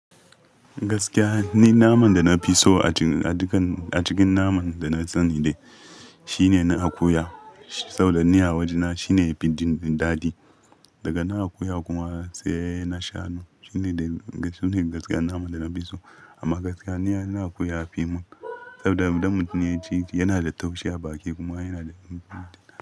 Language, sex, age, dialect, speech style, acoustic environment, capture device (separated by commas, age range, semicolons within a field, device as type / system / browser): Hausa, male, 25-34, Nigeria - Ibadan, happy, people talking, mobile / Linux / Chrome